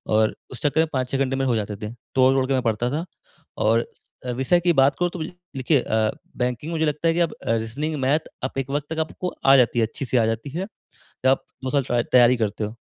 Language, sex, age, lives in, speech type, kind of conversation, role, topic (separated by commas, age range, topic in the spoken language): Hindi, male, 20-24, India, conversation, advice, user, संघर्ष के समय मैं कैसे शांत रहूँ और प्रभावी ढंग से संवाद करूँ?